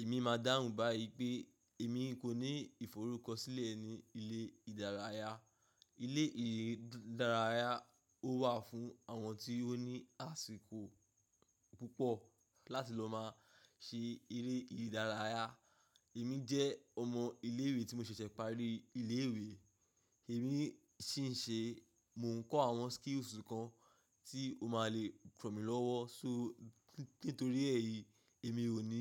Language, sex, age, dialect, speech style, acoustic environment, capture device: Yoruba, male, 25-34, Nigeria - Ibadan, focused; relaxed, silence; nature, mobile / macOS / Safari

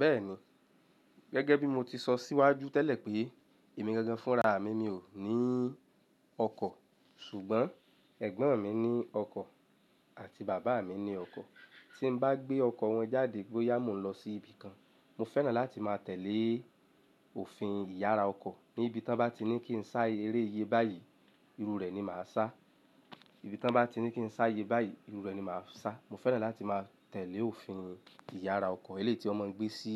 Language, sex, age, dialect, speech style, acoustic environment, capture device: Yoruba, male, 25-34, Nigeria - Lagos Yoruba, happy; relaxed, silence, mobile / Linux / Chrome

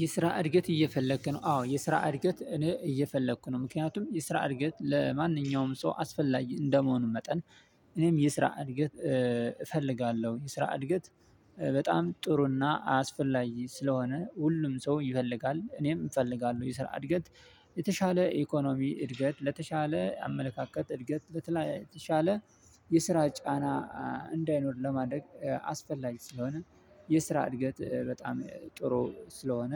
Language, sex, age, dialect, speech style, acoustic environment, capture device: Amharic, male, 25-34, Ethiopia - Addis Ababa, happy, silence, mobile / Linux / Chrome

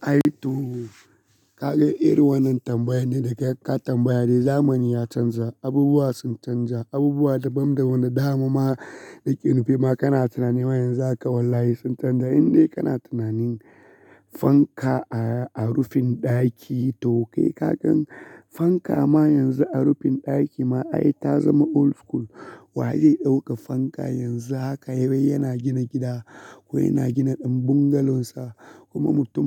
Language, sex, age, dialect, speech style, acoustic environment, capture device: Hausa, male, 25-34, Nigeria - Kano, relaxed; focused, silence; nature, mobile / Linux / Chrome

